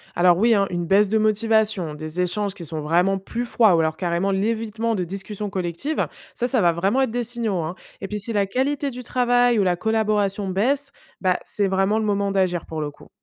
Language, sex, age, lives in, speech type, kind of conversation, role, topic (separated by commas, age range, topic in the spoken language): French, female, 25-29, France, read, expert-assistant, expert, Comment organiser une petite équipe pour que tout le monde avance dans la même direction ?